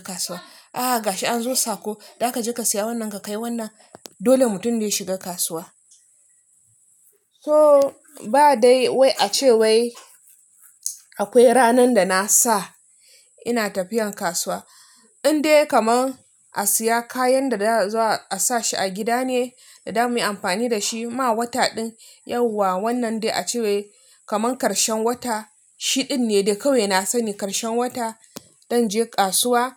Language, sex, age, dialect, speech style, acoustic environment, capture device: Hausa, female, 25-34, Nigeria - Nigerian Standard, relaxed, silence, mobile / Linux / Chrome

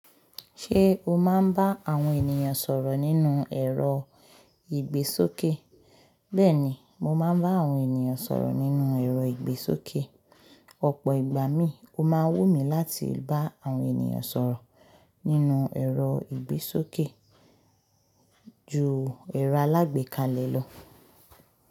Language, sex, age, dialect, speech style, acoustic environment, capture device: Yoruba, female, 25-34, Nigeria - Lagos Yoruba, happy; focused, nature, mobile / Linux / Chrome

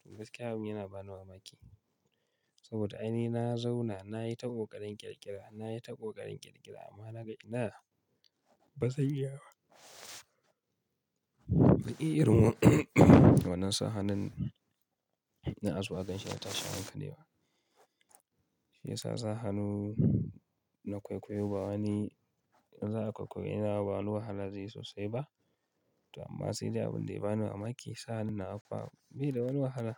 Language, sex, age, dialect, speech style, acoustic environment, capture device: Hausa, male, 25-34, Nigeria - Kano, focused, silence, mobile / Linux / Chrome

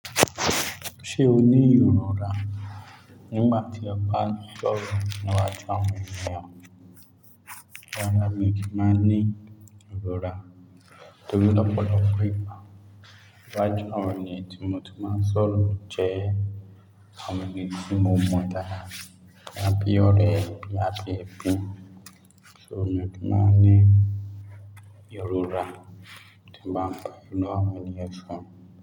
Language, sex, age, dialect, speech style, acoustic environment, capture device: Yoruba, male, 25-34, Nigeria - Lagos Yoruba, relaxed; focused, silence, mobile / Linux / Chrome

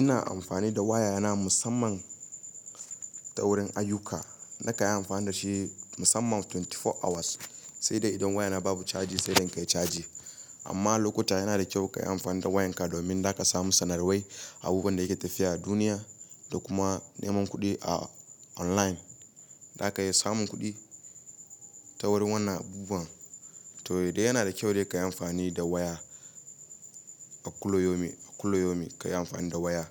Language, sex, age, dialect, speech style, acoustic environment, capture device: Hausa, male, 18-24, Nigeria - Kano, happy, silence, mobile / Linux / Chrome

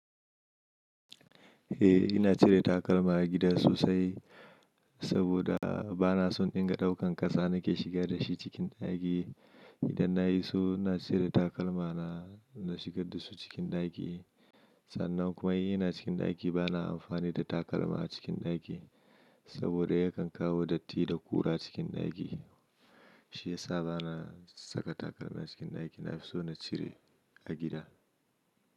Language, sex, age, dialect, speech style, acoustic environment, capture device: Hausa, male, 25-34, Nigeria - Nigerian Standard, anxious; happy; excited; focused, silence; nature, mobile / Linux / Chrome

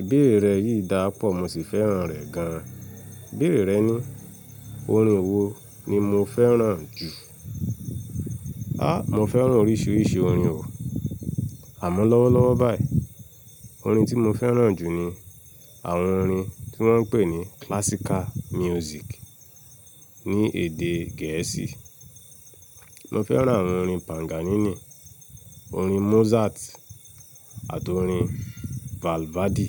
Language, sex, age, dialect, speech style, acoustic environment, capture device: Yoruba, male, 25-34, Nigeria - Lagos Yoruba, relaxed, people talking; nature; traffic, mobile / Linux / Chrome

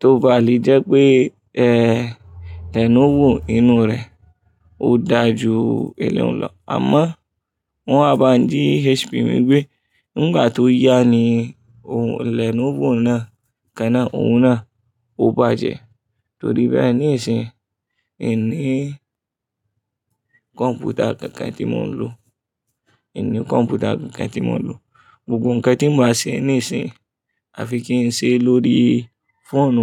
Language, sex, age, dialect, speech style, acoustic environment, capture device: Yoruba, male, 25-34, Nigeria - Kano, focused, people talking; traffic; appliances, mobile / Linux / Chrome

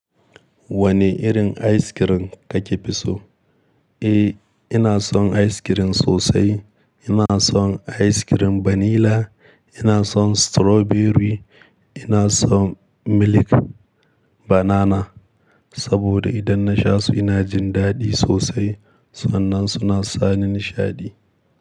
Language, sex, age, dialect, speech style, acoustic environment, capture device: Hausa, male, 25-34, Nigeria - Kano, happy, nature; silence; appliances, mobile / Linux / Chrome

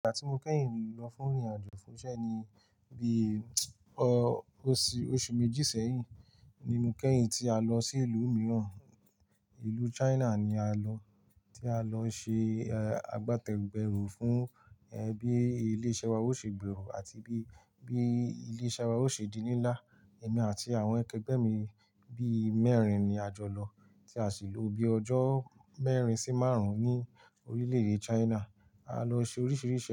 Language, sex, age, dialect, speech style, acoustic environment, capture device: Yoruba, male, 18-24, Nigeria - Ibadan, happy, silence, mobile / Linux / Chrome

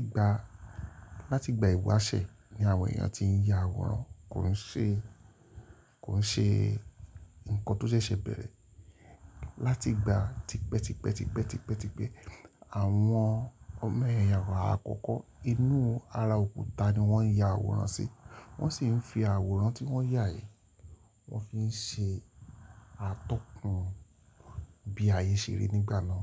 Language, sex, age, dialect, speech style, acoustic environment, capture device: Yoruba, male, 45-54, Nigeria - Lagos Yoruba, focused, silence, mobile / Linux / Chrome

